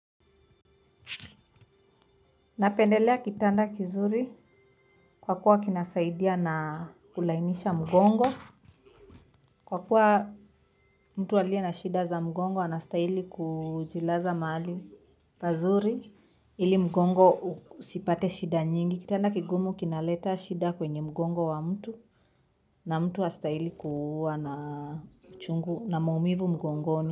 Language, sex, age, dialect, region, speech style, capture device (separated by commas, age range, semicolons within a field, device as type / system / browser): Swahili, female, 25-34, Kenya - Nairobi Sheng-influenced, Kenya, nervous; happy, mobile / Linux / Chrome